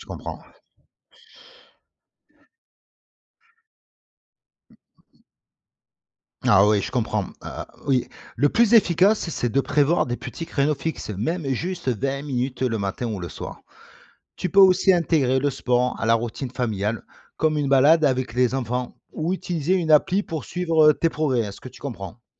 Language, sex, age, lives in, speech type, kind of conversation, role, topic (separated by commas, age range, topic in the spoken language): French, male, 45-49, France, read, expert-assistant, expert, Quels systèmes simples permettent de garder le sport comme une habitude, même quand la vie devient compliquée ?